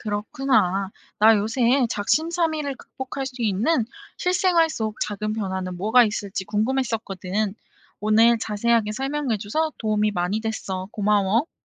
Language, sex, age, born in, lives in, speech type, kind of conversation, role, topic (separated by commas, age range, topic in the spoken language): Korean, female, 25-29, South Korea, South Korea, read, expert-assistant, asker, 작심삼일을 극복할 수 있는 실생활 속 작은 변화는 뭐가 있을까요?